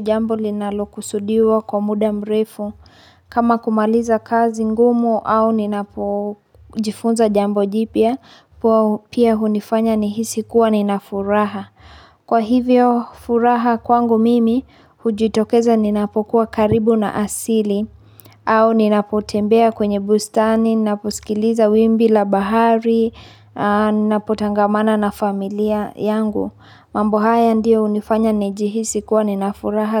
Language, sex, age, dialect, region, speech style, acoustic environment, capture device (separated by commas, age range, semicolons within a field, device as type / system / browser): Swahili, female, 25-34, Kenya - Nairobi Sheng-influenced, Kenya, happy, nature, mobile / Linux / Chrome